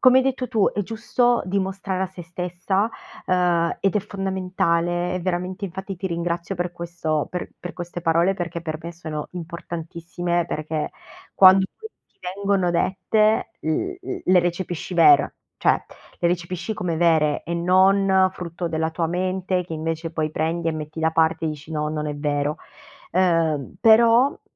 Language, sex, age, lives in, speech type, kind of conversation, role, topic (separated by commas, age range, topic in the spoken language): Italian, female, 30-34, Italy, conversation, advice, user, Quali blocchi legati al perfezionismo ti impediscono di iniziare nuovi progetti?